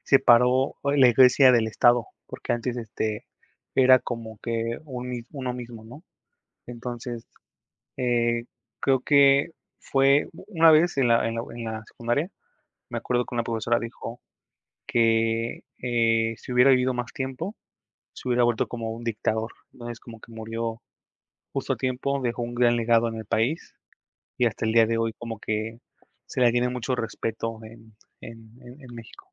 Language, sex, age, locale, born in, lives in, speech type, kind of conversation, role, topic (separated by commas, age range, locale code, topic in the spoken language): Spanish, male, 25-29, es-MX, Mexico, Mexico, conversation, unstructured, none, ¿Qué figura histórica admiras y por qué?